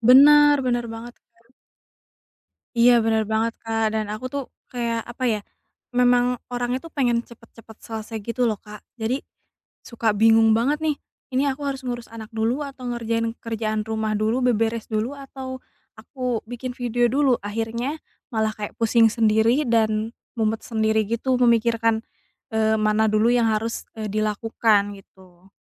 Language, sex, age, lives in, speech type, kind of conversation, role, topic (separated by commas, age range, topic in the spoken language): Indonesian, female, 30-34, Indonesia, conversation, advice, user, Bagaimana saya membagi waktu antar tugas penting tanpa merasa kewalahan?